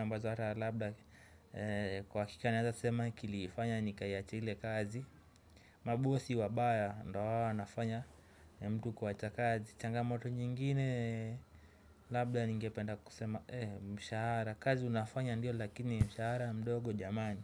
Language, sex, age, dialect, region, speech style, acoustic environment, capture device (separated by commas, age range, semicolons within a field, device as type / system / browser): Swahili, male, 25-34, Kenya - Mombasa, Kenya, happy, people talking, desktop / Windows / Chrome